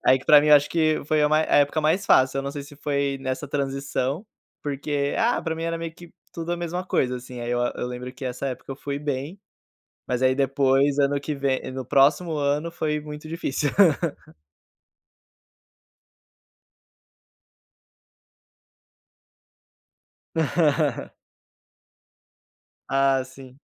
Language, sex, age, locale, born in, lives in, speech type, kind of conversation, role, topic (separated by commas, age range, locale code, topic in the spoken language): Portuguese, male, 25-29, pt-BR, Brazil, Portugal, conversation, unstructured, none, Você já teve medo de não passar de ano?